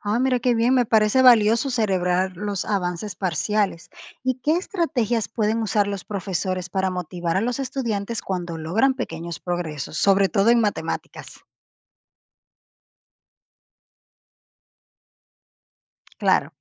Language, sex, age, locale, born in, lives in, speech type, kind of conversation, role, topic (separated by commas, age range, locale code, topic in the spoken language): Spanish, female, 30-34, es-DO, Dominican Republic, Portugal, read, expert-assistant, asker, ¿Cómo pueden los colegios hacer que aprender sea más divertido y útil al mismo tiempo?